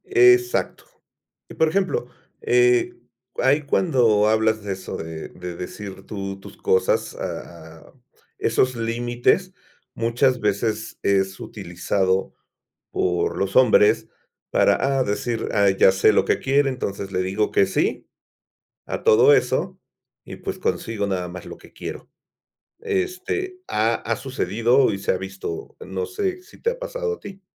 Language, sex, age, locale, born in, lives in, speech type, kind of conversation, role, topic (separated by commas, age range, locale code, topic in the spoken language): Spanish, male, 50-54, es-MX, Mexico, Mexico, conversation, podcast, host, ¿Cómo decides si es mejor seguir en una relación o terminarla?